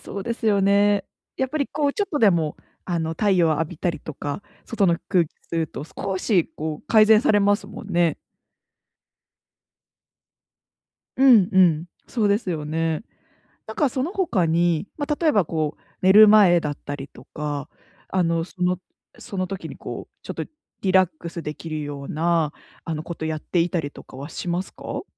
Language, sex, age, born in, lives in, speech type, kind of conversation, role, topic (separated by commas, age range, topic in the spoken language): Japanese, female, 25-29, Japan, Japan, conversation, advice, advisor, 毎日の忙しさの中で、休む時間をどう習慣にできますか？